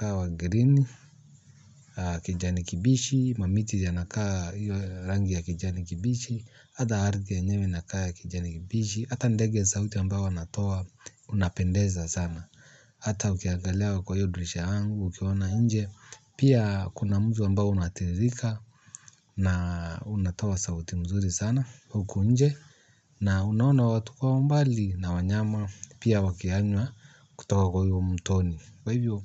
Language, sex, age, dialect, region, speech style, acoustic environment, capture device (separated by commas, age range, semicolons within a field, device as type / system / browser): Swahili, male, 35-44, Kenya - Nairobi Sheng-influenced, Kenya, happy, silence, mobile / Linux / Chrome